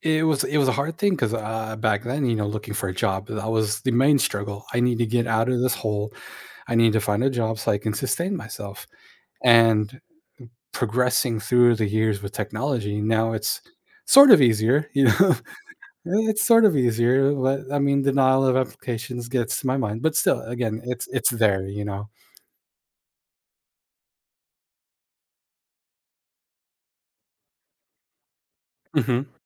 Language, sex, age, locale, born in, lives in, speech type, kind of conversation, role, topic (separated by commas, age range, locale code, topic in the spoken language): English, male, 30-34, en-US, United States, United States, conversation, unstructured, none, What motivates you to keep learning new things throughout your life?